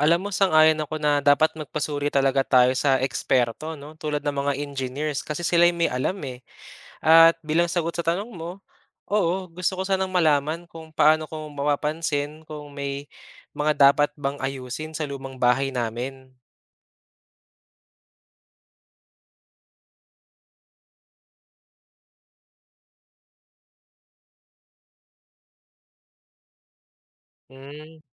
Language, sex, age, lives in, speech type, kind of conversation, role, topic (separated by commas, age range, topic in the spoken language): Filipino, male, 25-29, Philippines, read, expert-assistant, asker, Bakit may mga lugar na madalas tamaan ng lindol?